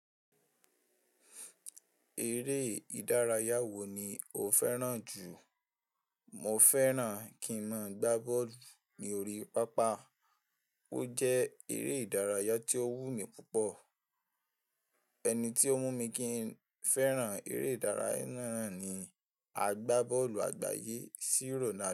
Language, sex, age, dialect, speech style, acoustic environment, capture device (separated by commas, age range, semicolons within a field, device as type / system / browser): Yoruba, male, 18-24, Nigeria - Ibadan, relaxed, nature, mobile / Linux / Chrome